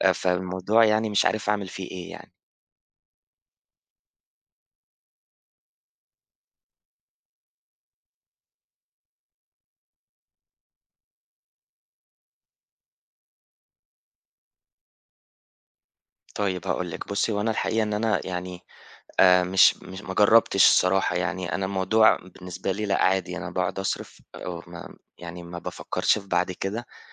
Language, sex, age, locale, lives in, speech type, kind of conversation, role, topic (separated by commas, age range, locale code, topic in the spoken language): Arabic, male, 25-29, ar-EG, Egypt, conversation, advice, user, إزاي أتابع مصاريفي وأنا مش عارف الفلوس بتروح فين؟